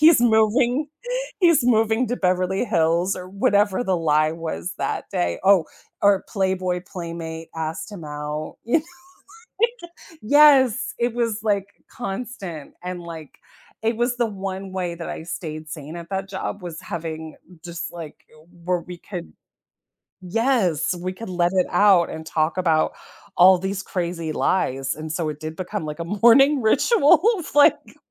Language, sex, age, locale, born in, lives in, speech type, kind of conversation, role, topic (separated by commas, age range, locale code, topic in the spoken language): English, female, 45-49, en-US, United States, United States, conversation, unstructured, none, What little rituals make a rough morning feel more manageable for me?